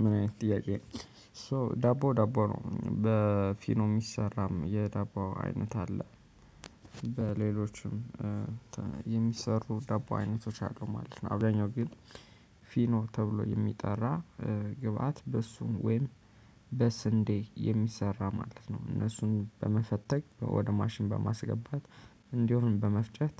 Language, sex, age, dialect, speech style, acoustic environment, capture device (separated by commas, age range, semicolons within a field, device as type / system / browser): Amharic, male, 25-34, Ethiopia - Addis Ababa, happy; focused, people talking; nature, mobile / Linux / Chrome